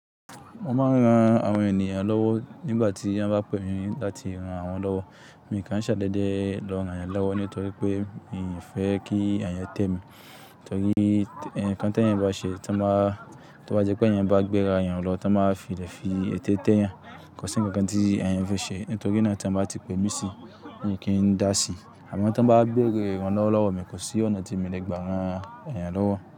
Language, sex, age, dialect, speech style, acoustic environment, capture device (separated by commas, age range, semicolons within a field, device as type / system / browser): Yoruba, male, 25-34, Nigeria - Kano, anxious; nervous, nature, desktop / Linux / Chrome